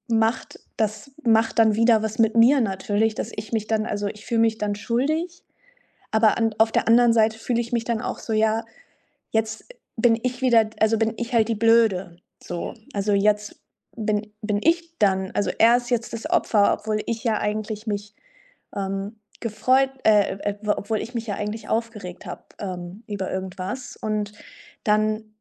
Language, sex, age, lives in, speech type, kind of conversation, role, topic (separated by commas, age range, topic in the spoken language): German, female, 25-29, Germany, conversation, advice, user, Warum eskalieren meine Beziehungskonflikte immer wieder auf die gleiche Weise?